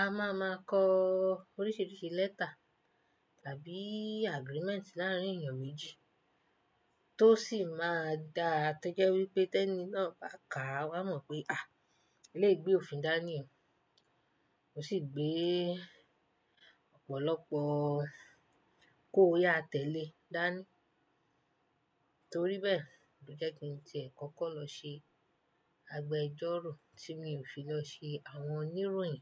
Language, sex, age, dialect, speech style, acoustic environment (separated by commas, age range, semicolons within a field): Yoruba, female, 25-34, Nigeria - Nigerian Standard, happy; relaxed; excited, silence